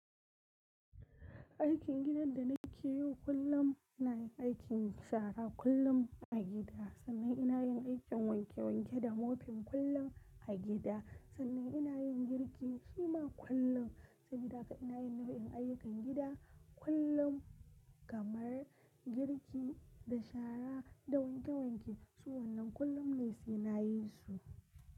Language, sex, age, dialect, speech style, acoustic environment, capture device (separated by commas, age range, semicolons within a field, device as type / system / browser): Hausa, female, 25-34, Nigeria - Kano, relaxed, nature, mobile / Linux / Chrome